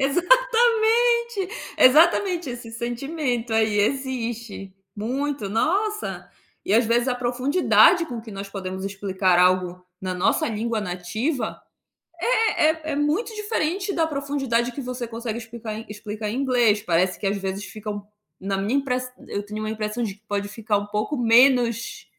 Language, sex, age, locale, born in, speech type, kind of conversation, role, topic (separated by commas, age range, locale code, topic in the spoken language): Portuguese, female, 30-34, pt-BR, Brazil, conversation, podcast, guest, Quem costuma fazer parte da sua rede de apoio?